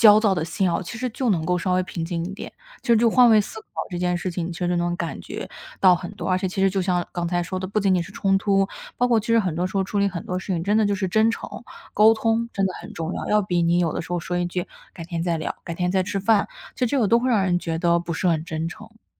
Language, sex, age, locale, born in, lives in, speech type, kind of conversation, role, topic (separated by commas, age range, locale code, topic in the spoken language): Chinese, female, 25-29, zh-CN, China, United States, conversation, advice, advisor, 你为什么一遇到冲突就会立刻沉默或逃避沟通？